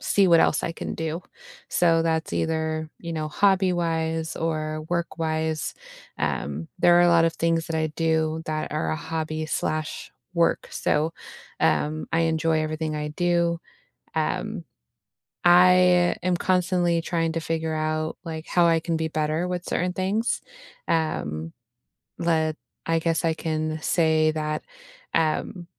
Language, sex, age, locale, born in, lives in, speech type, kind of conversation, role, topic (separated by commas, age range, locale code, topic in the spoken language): English, female, 30-34, en-US, United States, United States, conversation, unstructured, none, How do you stay curious and keep learning outside of work?